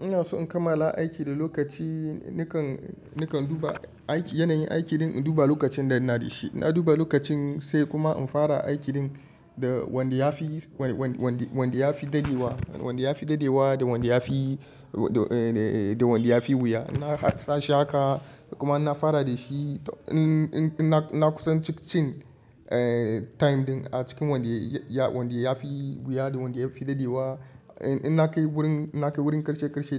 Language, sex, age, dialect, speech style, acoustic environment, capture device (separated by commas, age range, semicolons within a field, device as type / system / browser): Hausa, male, 25-34, Nigeria - Kano, relaxed, people talking, mobile / Linux / Chrome